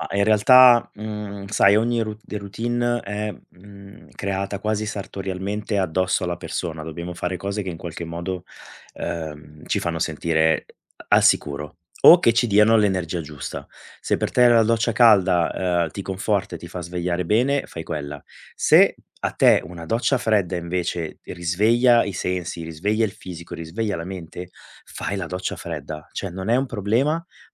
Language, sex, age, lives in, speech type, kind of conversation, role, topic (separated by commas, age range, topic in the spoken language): Italian, male, 40-44, Italy, conversation, advice, advisor, Perché fai fatica a seguire una routine mattutina?